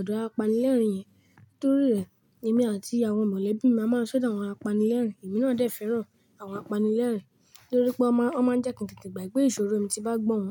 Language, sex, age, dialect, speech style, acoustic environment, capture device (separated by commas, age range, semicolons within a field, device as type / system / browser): Yoruba, male, 18-24, Nigeria - Lagos Yoruba, relaxed, nature; silence, mobile / Linux / Chrome